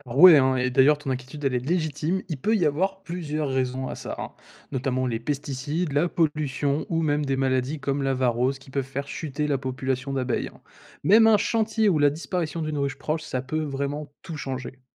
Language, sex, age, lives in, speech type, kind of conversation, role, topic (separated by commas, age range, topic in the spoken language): French, male, 20-24, France, read, expert-assistant, expert, Quelles pourraient être les causes d'une disparition soudaine des abeilles dans une région urbaine ?